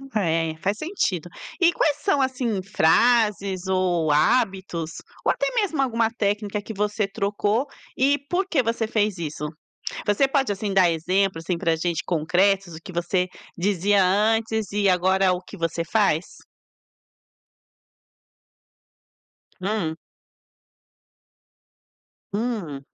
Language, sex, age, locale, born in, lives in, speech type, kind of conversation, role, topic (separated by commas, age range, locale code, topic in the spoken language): Portuguese, female, 45-49, pt-BR, Brazil, Italy, conversation, podcast, host, Como você mudou a forma de falar consigo mesmo?